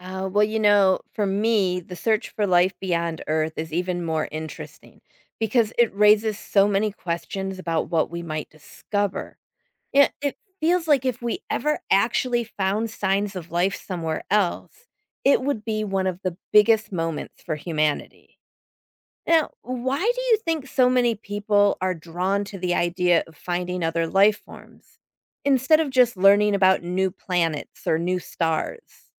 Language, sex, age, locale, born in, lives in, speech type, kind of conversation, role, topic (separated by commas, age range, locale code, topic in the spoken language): English, female, 50-54, en-US, United States, United States, read, expert-assistant, asker, How does learning about space inspire curiosity?